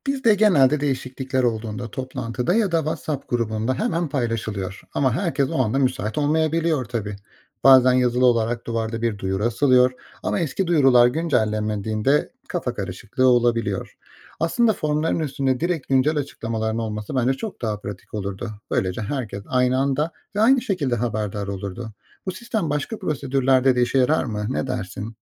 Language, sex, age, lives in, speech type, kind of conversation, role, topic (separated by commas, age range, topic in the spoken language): Turkish, male, 35-39, Austria, read, expert-assistant, asker, Hemşire vardiya devir tesliminde standart formlar uygulamada nasıl daha etkili kullanılabilir?